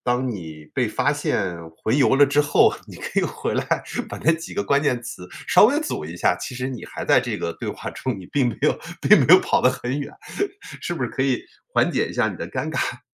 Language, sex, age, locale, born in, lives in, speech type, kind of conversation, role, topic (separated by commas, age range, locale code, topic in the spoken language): Chinese, male, 45-49, zh-CN, China, United States, conversation, advice, advisor, 在日常生活中我经常走神、难以集中注意力，该怎么改善？